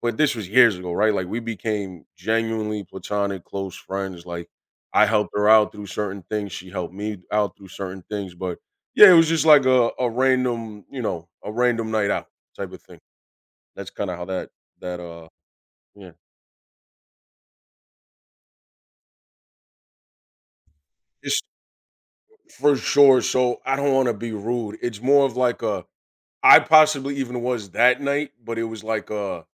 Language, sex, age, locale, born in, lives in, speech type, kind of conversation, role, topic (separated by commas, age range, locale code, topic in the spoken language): English, male, 30-34, en-US, United States, United States, conversation, advice, user, How can I strengthen my close friendships and rebuild connection after changes?